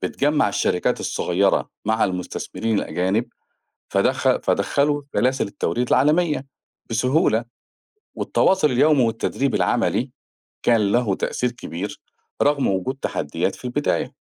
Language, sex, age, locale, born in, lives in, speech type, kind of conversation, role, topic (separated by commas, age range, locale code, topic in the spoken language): Arabic, male, 45-49, ar-EG, Egypt, Portugal, read, expert-assistant, expert, إيه الأفضل لمصر: التركيز على التصدير ولا تشجيع الاستثمار الأجنبي المباشر؟